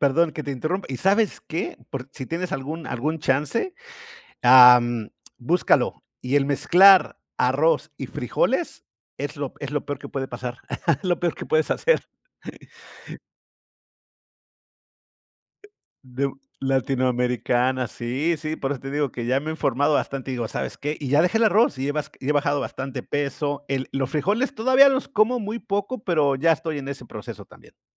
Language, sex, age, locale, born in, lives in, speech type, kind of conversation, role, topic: Spanish, male, 50-54, es-US, Mexico, United States, conversation, unstructured, none, ¿Cuál es tu opinión sobre la comida rápida en la sociedad actual?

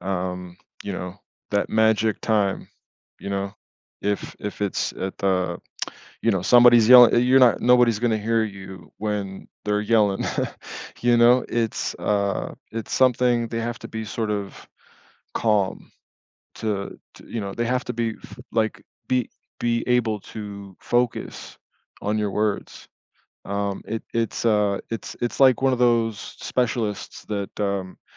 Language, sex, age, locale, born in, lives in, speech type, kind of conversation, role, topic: English, male, 35-39, en-US, United States, United States, conversation, podcast, guest, What are some meaningful ways families can help each other get through difficult times?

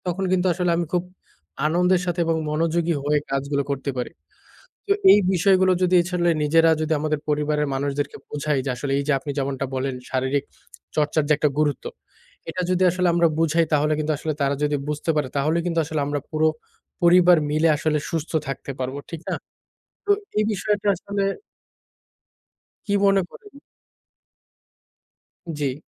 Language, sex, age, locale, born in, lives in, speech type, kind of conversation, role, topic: Bengali, male, 25-29, bn-BD, Bangladesh, Bangladesh, conversation, unstructured, none, পরিবারে শরীরচর্চার গুরুত্ব নিয়ে আলোচনা করা কতটা জরুরি?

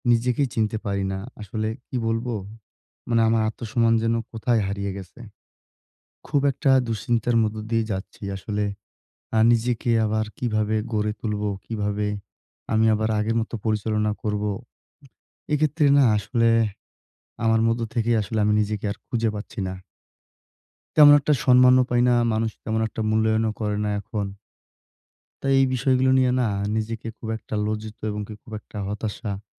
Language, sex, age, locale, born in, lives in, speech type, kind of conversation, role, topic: Bengali, male, 20-24, bn-BD, Bangladesh, Bangladesh, conversation, advice, user, সম্পর্ক ভাঙার পর আমি কীভাবে নিজের পরিচয় পুনর্গঠন করে আত্মসম্মান ফিরিয়ে আনতে পারি?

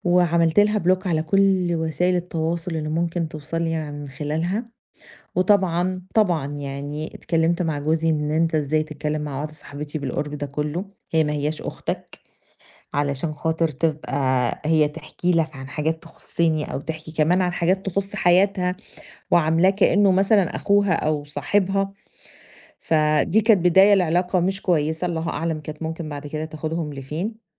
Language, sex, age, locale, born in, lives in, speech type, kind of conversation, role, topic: Arabic, female, 40-44, ar-EG, Egypt, Greece, conversation, advice, user, القلق من تكرار الألم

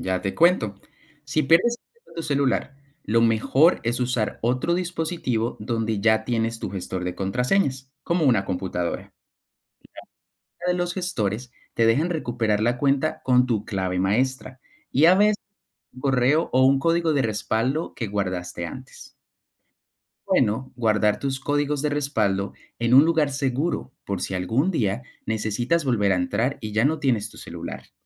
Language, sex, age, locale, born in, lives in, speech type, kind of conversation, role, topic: Spanish, male, 25-29, es-CO, Colombia, Netherlands, read, expert-assistant, expert, ¿Cómo pueden las contraseñas seguras proteger tus cuentas digitales?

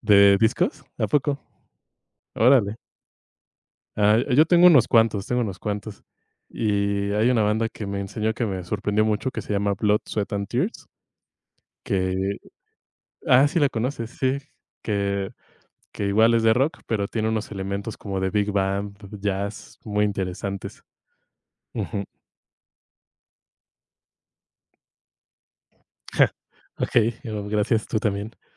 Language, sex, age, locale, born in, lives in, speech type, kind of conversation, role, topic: Spanish, male, 25-29, es-MX, Mexico, Mexico, conversation, unstructured, none, ¿Has descubierto a algún artista nuevo que te haya sorprendido?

